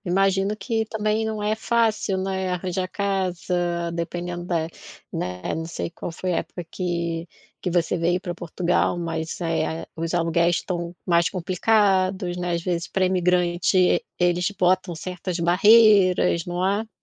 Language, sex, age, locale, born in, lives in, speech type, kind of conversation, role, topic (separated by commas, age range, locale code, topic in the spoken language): Portuguese, female, 40-44, pt-BR, Brazil, Portugal, conversation, advice, advisor, Devo comprar minha primeira casa ou continuar alugando?